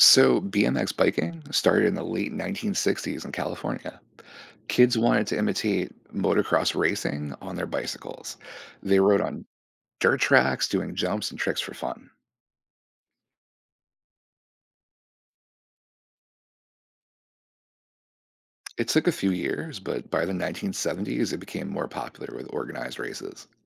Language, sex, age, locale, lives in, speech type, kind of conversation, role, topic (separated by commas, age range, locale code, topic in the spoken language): English, male, 40-44, en-US, United States, read, expert-assistant, expert, The history of BMX biking and its evolution.